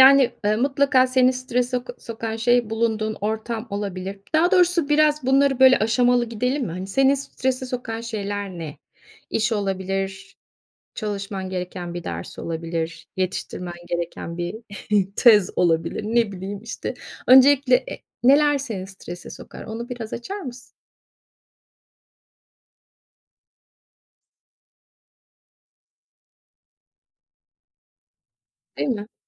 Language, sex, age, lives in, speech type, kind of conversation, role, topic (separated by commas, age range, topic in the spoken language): Turkish, female, 50-54, Spain, conversation, podcast, host, Stresle başa çıkmak için hangi yöntemleri kullanırsın?